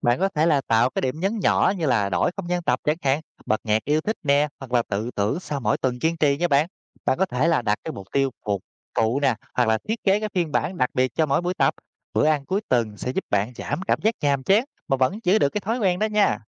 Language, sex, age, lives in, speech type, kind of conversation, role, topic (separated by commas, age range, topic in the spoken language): Vietnamese, male, 30-34, Vietnam, read, expert-assistant, expert, Nếu muốn thay đổi một thói quen xấu, bạn nên ưu tiên điều chỉnh điều gì trước: môi trường xung quanh, cảm xúc cá nhân, hay sự hỗ trợ từ người khác?